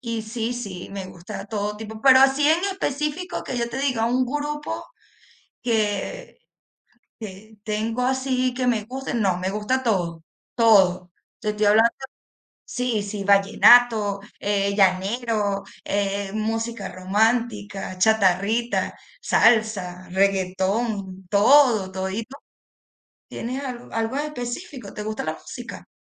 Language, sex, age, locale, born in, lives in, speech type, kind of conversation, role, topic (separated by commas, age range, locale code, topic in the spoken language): Spanish, female, 35-39, es-VE, Venezuela, Spain, conversation, unstructured, none, ¿Qué te hace sentir más auténtico contigo mismo?